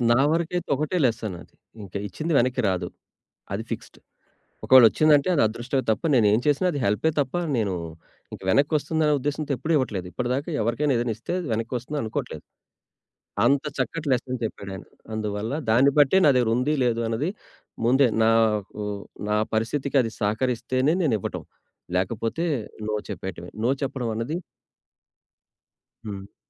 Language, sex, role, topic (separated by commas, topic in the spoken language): Telugu, male, guest, అవసరమైనప్పుడు మీరు గౌరవంగా ‘కాదు’ అని ఎలా చెప్పగలరు?